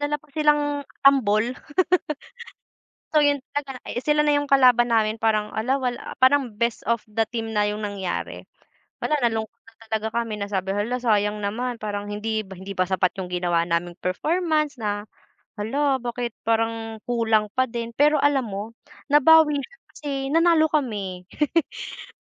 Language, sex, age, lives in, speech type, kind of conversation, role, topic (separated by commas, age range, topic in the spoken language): Filipino, female, 20-24, Philippines, conversation, unstructured, none, Normal lang bang makaramdam ng lungkot paminsan-minsan?